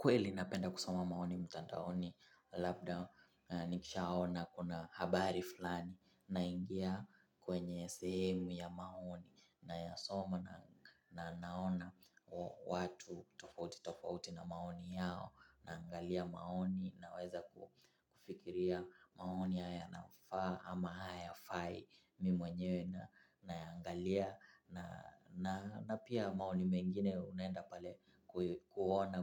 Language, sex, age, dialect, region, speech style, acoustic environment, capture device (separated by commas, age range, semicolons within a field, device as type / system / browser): Swahili, male, 18-24, Kenya - Mombasa, Kenya, excited, silence, mobile / Linux / Chrome